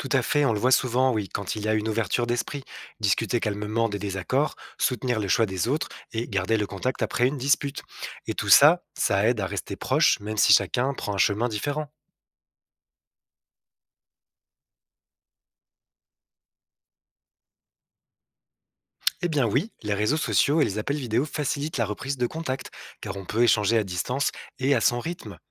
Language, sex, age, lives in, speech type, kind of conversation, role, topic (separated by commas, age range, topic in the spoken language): French, male, 35-39, France, read, expert-assistant, expert, Pourquoi certaines cultures valorisent-elles la famille élargie alors que d’autres privilégient la famille nucléaire ?